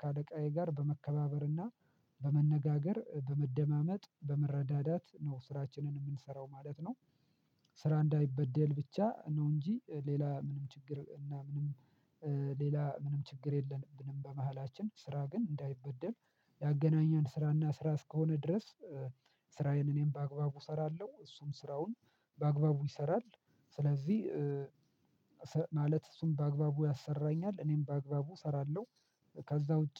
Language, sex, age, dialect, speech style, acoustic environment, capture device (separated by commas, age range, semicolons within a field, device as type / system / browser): Amharic, male, 25-34, Ethiopia - Addis Ababa, relaxed; excited; focused; happy, silence, mobile / Linux / Chrome